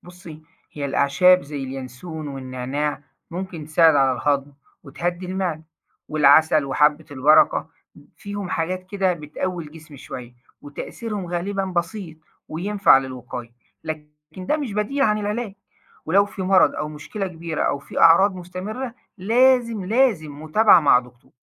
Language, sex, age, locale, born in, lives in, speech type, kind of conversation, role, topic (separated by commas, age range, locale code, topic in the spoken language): Arabic, male, 40-44, ar-EG, Egypt, Egypt, read, expert-assistant, expert, ليه بعض الناس بتلجأ للعلاج الشعبي أو الأعشاب قبل ما تزور دكتور، وهل ده ليه أصول ثقافية أو اجتماعية؟